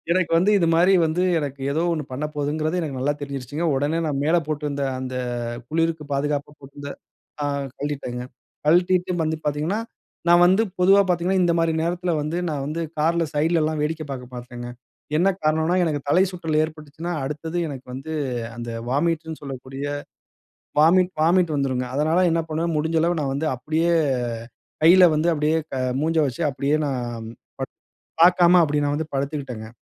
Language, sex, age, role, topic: Tamil, male, 35-39, guest, பயணத்தின் போது உணவு விஷமடைந்த அனுபவம் உங்களுக்கு எப்படி இருந்தது?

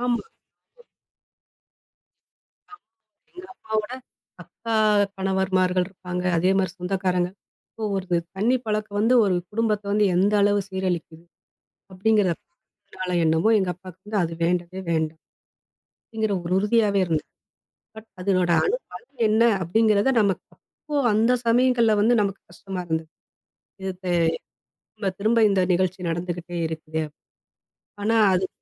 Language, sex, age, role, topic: Tamil, female, 40-44, guest, தோல்வியை நீங்கள் எப்படிக் கற்றலாக மாற்றுகிறீர்கள்?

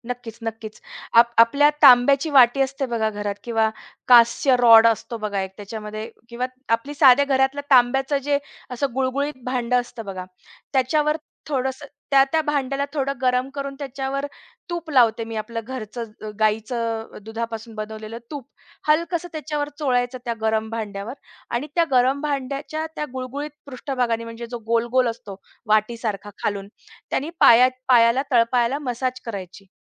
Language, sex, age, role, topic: Marathi, female, 35-39, guest, चांगली झोप येण्यासाठी ध्यान कसे वापरता?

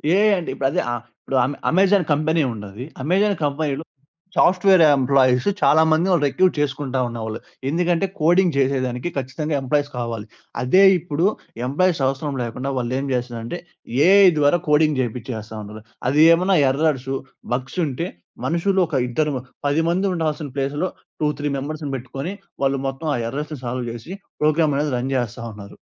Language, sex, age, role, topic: Telugu, male, 20-24, guest, మీరు ఎవరికైనా ‘లేదు’ అని చెప్పలేకపోతే మీకు ఎలా అనిపిస్తుంది?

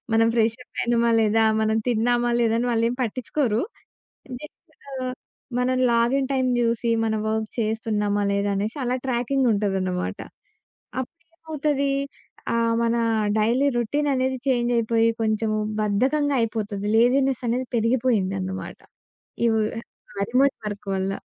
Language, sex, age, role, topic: Telugu, female, 20-24, guest, రిమోట్ పని విధానం మన పని చేసే తీరును ఎలా మార్చిందని మీరు అనుకుంటున్నారు?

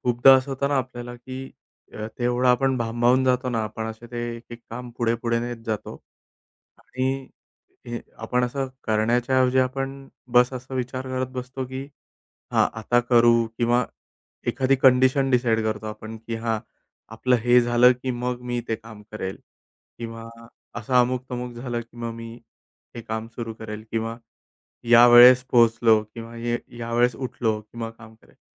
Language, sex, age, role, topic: Marathi, male, 30-34, guest, रोकलेलं काम पुन्हा सुरू करण्यासाठी तुम्ही कोणत्या छोट्या पावलांनी सुरुवात करता?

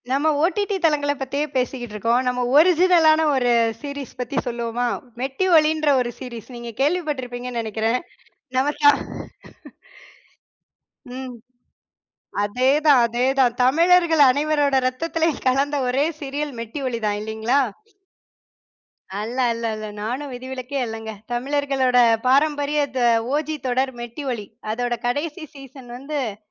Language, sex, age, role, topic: Tamil, female, 30-34, guest, ஒரு தொடரின் கடைசி சீசன் முடிவு அதை நினைவில் நிறுத்துமா, இல்லை மொத்த அனுபவத்தையே அழித்துவிடுமா?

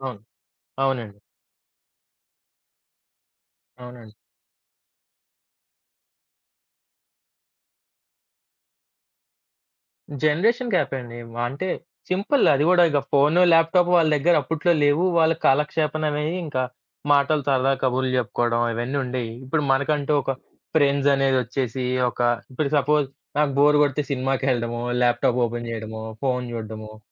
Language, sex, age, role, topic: Telugu, male, 25-29, guest, పిల్లలు పెరిగేకొద్దీ వాళ్లతో అనుసంధానం కోల్పోకుండా ఉండేందుకు మీరు ఏ చిట్కాలు పాటిస్తారు?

